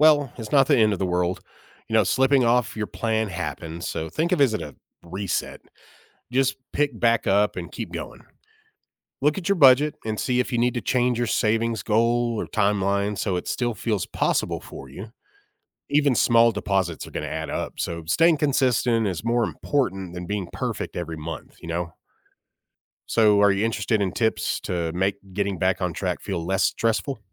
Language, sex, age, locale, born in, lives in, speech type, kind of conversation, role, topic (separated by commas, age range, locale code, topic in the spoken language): English, male, 35-39, en-US, United States, United States, read, expert-assistant, expert, Why is it important to be responsible with money?